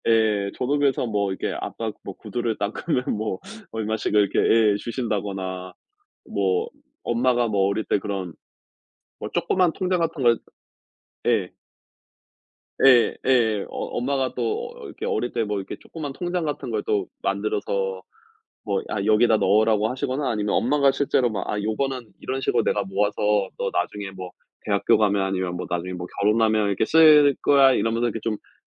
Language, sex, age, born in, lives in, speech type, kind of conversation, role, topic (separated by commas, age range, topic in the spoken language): Korean, male, 35-39, South Korea, United States, conversation, unstructured, none, 목표를 이루기 위해 돈을 어떻게 모으면 좋을까요?